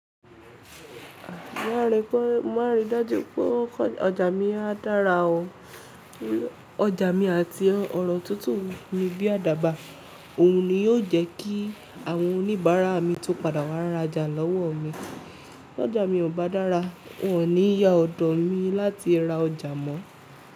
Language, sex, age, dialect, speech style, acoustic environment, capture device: Yoruba, female, 18-24, Nigeria - Ibadan, focused, silence; people talking; nature, mobile / Linux / Chrome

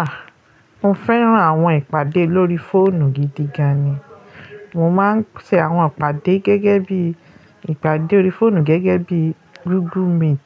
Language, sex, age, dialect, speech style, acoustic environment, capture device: Yoruba, female, 35-44, Nigeria - Lagos Yoruba, happy, silence, mobile / Linux / Chrome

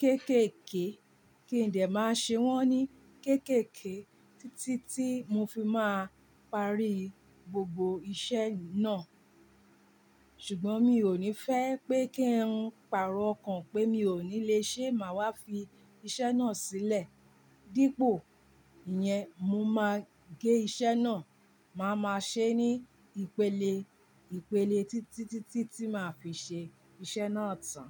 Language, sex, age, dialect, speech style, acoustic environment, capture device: Yoruba, female, 45-54, Nigeria - Ibadan, relaxed, appliances; silence, mobile / Linux / Chrome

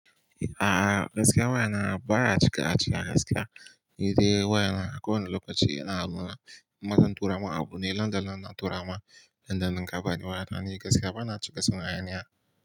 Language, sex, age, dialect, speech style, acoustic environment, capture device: Hausa, male, 18-24, Nigeria - Kano, nervous; excited; focused; tired; anxious; happy, appliances; nature; silence, mobile / Linux / Chrome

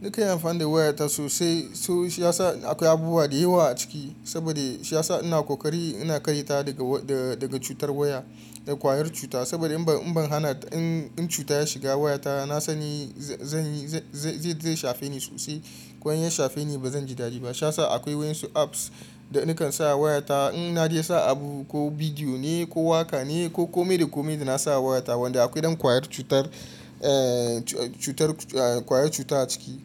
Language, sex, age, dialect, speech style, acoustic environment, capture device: Hausa, male, 25-34, Nigeria - Kano, happy, appliances, mobile / Linux / Chrome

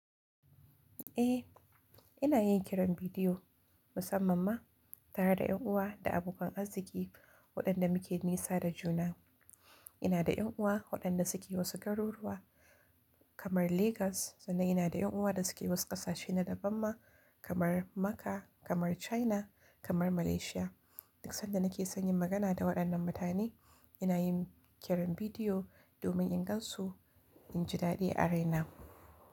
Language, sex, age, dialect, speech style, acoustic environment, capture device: Hausa, female, 18-24, Nigeria - Kano, relaxed, silence, mobile / Linux / Chrome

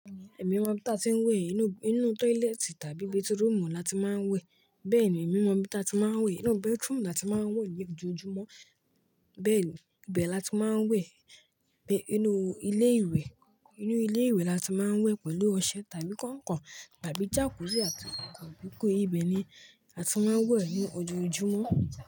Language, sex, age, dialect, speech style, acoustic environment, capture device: Yoruba, male, 18-24, Nigeria - Lagos Yoruba, relaxed, nature, mobile / Linux / Chrome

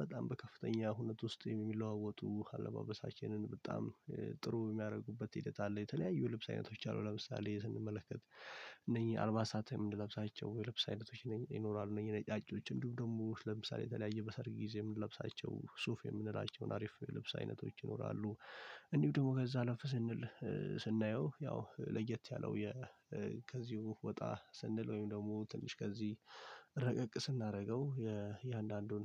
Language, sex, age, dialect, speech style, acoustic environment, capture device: Amharic, male, 18-24, Ethiopia - Addis Ababa, focused, silence, mobile / Linux / Chrome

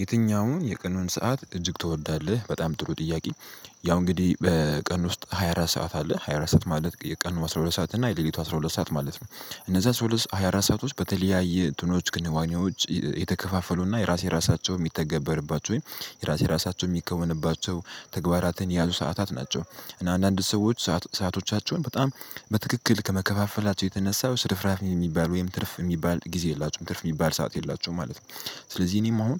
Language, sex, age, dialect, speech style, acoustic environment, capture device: Amharic, male, 18-24, Ethiopia - Addis Ababa, happy, silence, mobile / Linux / Chrome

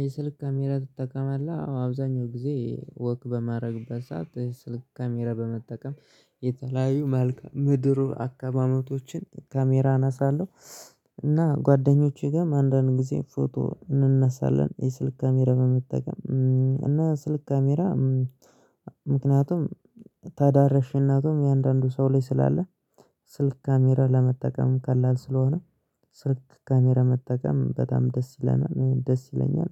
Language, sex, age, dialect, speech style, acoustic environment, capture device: Amharic, male, 25-34, Ethiopia - Gojjam, focused, silence, mobile / Linux / Chrome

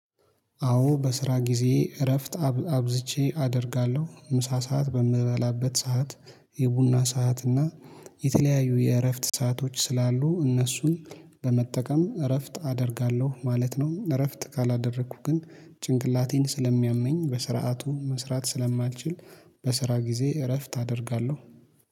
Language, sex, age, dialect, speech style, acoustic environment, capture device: Amharic, male, 25-34, Ethiopia - Addis Ababa, happy; focused, appliances, mobile / Linux / Chrome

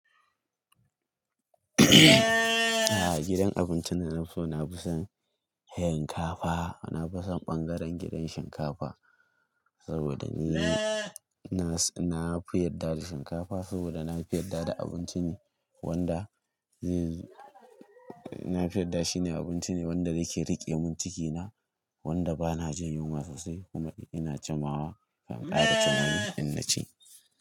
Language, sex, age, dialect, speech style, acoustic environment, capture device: Hausa, male, 18-24, Nigeria - Kano, focused, nature, mobile / Linux / Chrome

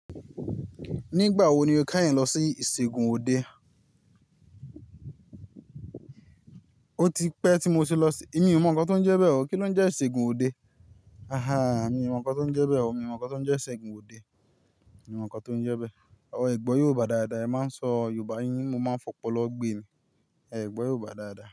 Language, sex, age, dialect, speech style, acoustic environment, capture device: Yoruba, male, 25-34, Nigeria - Ibadan, happy; relaxed; focused, nature; silence, mobile / Linux / Chrome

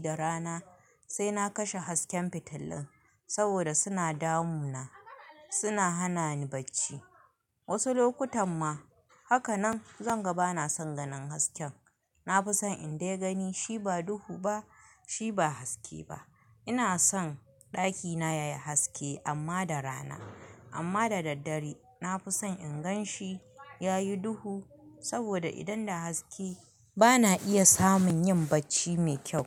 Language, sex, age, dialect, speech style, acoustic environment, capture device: Hausa, female, 25-34, Nigeria - Kano, happy; excited, people talking, mobile / Linux / Chrome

